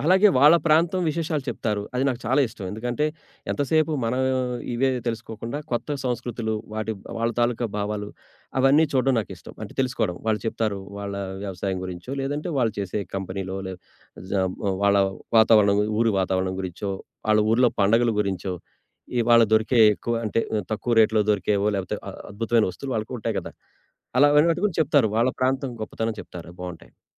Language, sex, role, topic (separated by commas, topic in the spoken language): Telugu, male, guest, ఒంటరిగా ప్రయాణం చేస్తున్నప్పుడు వచ్చే ఒంటరితనాన్ని మీరు ఎలా ఎదుర్కొంటారు?